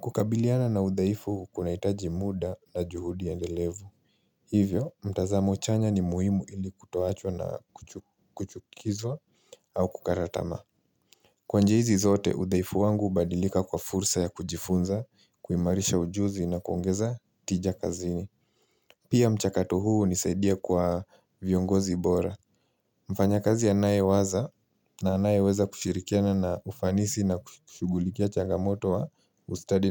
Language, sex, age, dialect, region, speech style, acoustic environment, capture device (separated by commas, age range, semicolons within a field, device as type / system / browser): Swahili, male, 18-24, Kenya - Nairobi Sheng-influenced, Kenya, focused; relaxed, silence, mobile / Linux / Chrome